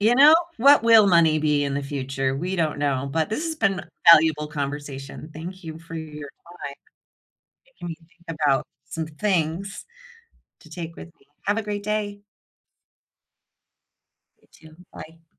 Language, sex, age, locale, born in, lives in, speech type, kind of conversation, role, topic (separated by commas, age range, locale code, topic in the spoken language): English, female, 45-49, en-US, United States, United States, conversation, unstructured, none, What is one money habit that makes you feel proud?